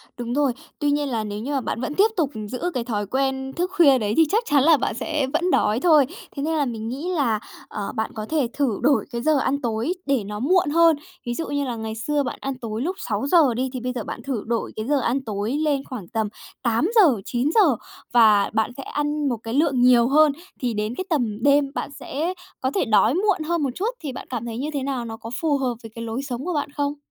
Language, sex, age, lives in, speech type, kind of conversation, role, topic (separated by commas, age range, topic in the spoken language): Vietnamese, female, 30-34, Japan, conversation, advice, advisor, Thói quen ăn vặt buổi tối nào khiến bạn hối hận vào sáng hôm sau?